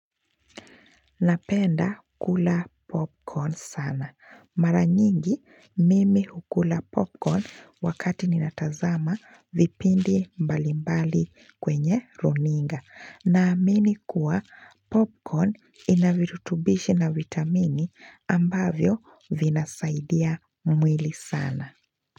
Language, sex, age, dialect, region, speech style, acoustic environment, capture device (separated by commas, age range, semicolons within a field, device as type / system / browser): Swahili, female, 25-34, Kenya - Mombasa, Kenya, relaxed, silence, mobile / Linux / Chrome